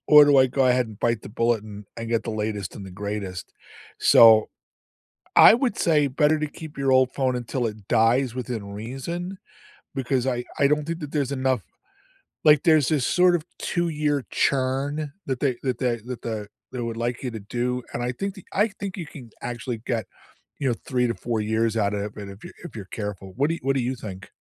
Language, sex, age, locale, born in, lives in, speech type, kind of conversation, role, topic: English, male, 65-69, en-US, United States, United States, conversation, unstructured, none, Is it better to keep your old phone until it dies?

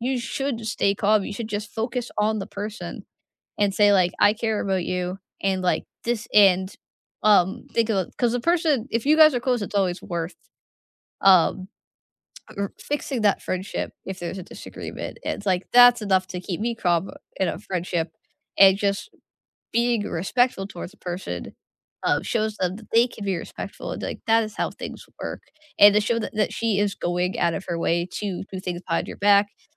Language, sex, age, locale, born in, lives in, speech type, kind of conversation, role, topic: English, female, 20-24, en-US, United States, United States, conversation, unstructured, none, What is the best way to handle a disagreement with a close friend?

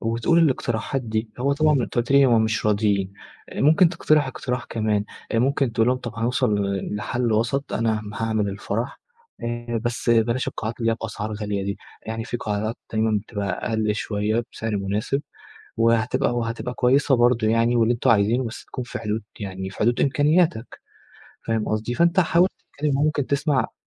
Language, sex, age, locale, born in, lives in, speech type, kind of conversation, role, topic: Arabic, male, 20-24, ar-EG, Egypt, Egypt, conversation, advice, advisor, إزاي بتتعامل مع الضغط الاجتماعي اللي بيخليك تصرف فلوس في المناسبات والاحتفالات؟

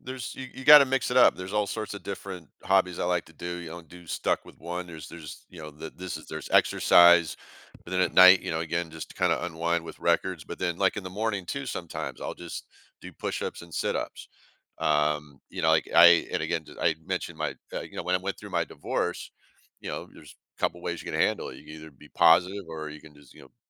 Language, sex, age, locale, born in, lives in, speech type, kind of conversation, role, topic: English, male, 55-59, en-US, United States, United States, conversation, podcast, guest, In what ways has your hobby made a positive impact on your well-being?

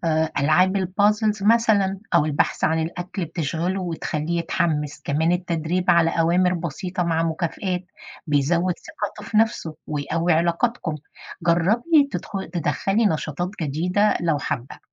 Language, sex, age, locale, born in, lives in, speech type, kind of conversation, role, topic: Arabic, female, 50-54, ar-EG, Egypt, United States, read, expert-assistant, expert, ليه كلبي بقى عنيد فجأة وازاي أرجعه زي الأول؟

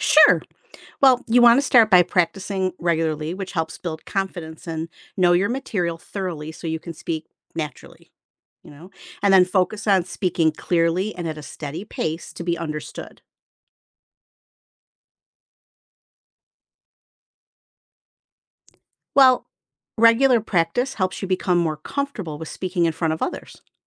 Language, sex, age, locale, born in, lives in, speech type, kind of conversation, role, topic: English, female, 55-59, en-US, United States, United States, read, expert-assistant, expert, How can I improve my public speaking skills?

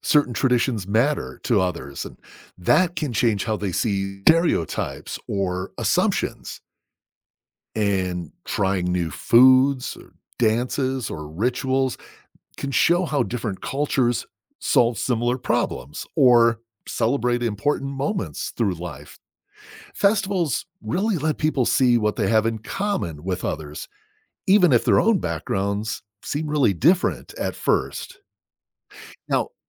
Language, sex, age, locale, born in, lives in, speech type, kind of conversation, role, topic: English, male, 50-54, en-US, United States, United States, read, expert-assistant, expert, How do festivals contribute to cultural exchange?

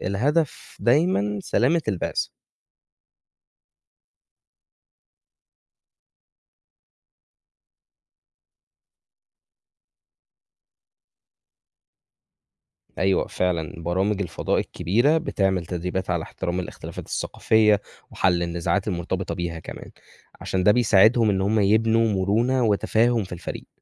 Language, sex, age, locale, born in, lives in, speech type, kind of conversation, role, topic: Arabic, male, 20-24, ar-EG, Egypt, Egypt, read, expert-assistant, expert, لو جالك فرصة تسافر في رحلة فضاء للمريخ ومش هترجع تاني للأرض، هتوافق؟ وإيه اللي ممكن يخليك تاخد القرار ده؟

